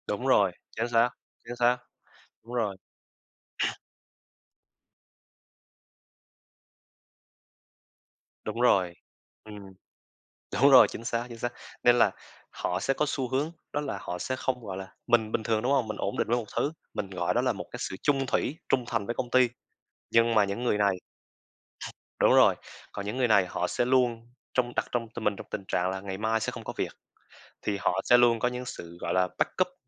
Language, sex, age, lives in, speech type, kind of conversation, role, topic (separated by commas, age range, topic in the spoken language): Vietnamese, male, 20-24, Vietnam, conversation, unstructured, none, Bạn thích một công việc ổn định nhưng nhàm chán hay một công việc thú vị nhưng bấp bênh hơn?